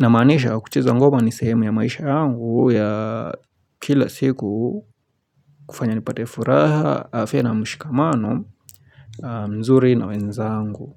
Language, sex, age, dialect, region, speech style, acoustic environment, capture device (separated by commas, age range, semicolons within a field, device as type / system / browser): Swahili, male, 25-34, Kenya - Nairobi Sheng-influenced, Kenya, relaxed; happy; focused, silence, mobile / Linux / Chrome